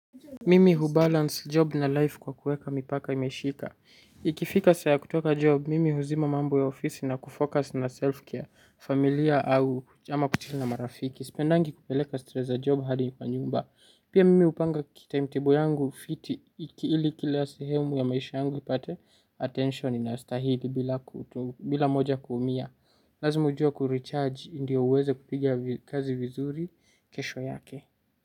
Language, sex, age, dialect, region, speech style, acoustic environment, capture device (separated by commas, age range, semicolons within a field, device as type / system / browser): Swahili, male, 18-24, Kenya - Nairobi Sheng-influenced, Kenya, relaxed, silence, mobile / Linux / Chrome